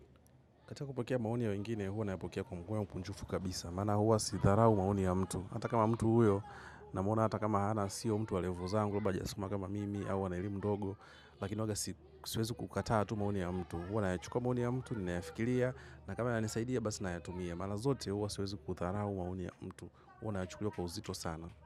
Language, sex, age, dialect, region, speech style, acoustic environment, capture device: Swahili, male, 25-34, Tanzania - Dar es Salaam, Tanzania, happy; relaxed; focused, people talking, mobile / macOS / Safari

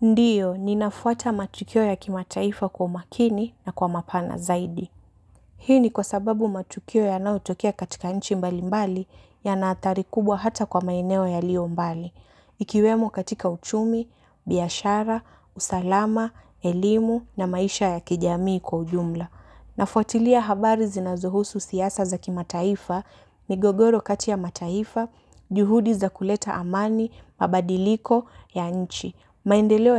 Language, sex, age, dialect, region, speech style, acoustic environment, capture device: Swahili, female, 18-24, Kenya - Mombasa, Kenya, excited, nature, mobile / Linux / Chrome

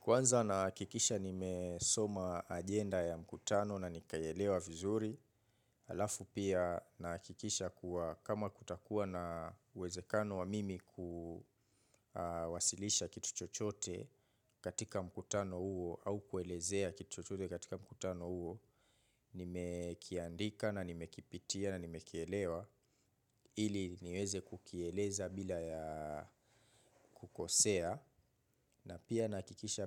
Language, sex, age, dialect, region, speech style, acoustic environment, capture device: Swahili, male, 25-34, Kenya - Mombasa, Kenya, relaxed, nature, mobile / Linux / Chrome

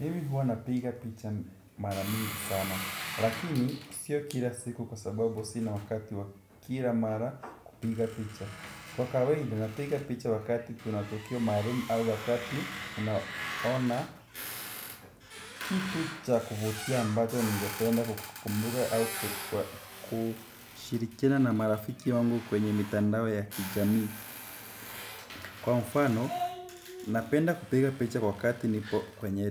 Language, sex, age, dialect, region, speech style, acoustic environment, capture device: Swahili, male, 18-24, Kenya - Nairobi Sheng-influenced, Kenya, anxious, appliances; people talking, desktop / Windows / Chrome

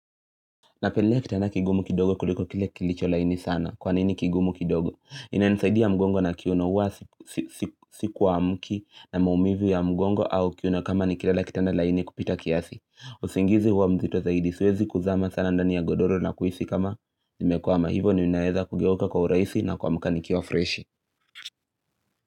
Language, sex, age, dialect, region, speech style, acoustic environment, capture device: Swahili, male, 18-24, Kenya - Nairobi Sheng-influenced, Kenya, happy, silence, mobile / Linux / Chrome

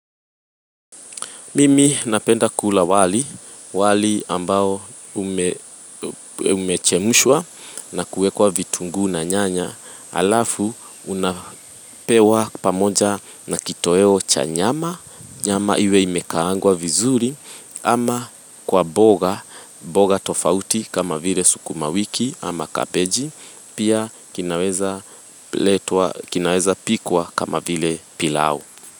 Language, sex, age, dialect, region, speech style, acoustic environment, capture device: Swahili, female, 25-34, Kenya - Nairobi Sheng-influenced, Kenya, happy, people talking; music; nature, mobile / Linux / Chrome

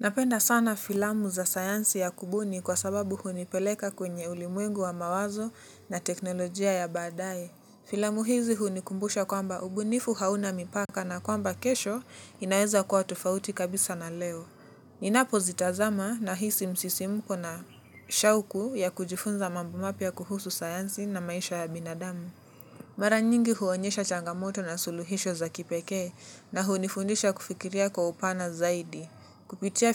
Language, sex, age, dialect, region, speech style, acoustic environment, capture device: Swahili, male, 18-24, Kenya - Nairobi Sheng-influenced, Kenya, relaxed, silence; nature, mobile / Linux / Chrome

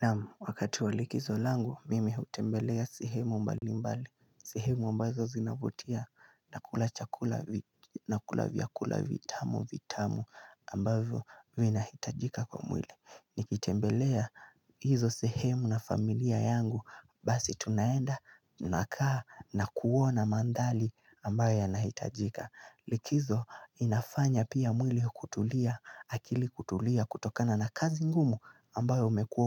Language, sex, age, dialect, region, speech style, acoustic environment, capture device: Swahili, male, 18-24, Kenya - Nairobi Sheng-influenced, Kenya, relaxed, nature, mobile / Linux / Chrome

